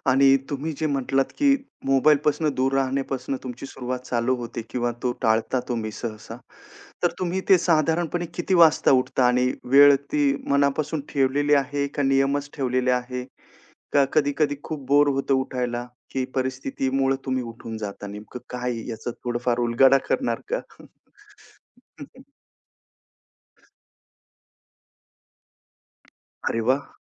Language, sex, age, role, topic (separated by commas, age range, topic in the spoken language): Marathi, male, 35-39, host, तुमची सकाळची आरोग्यदायी दिनचर्या कशी असते?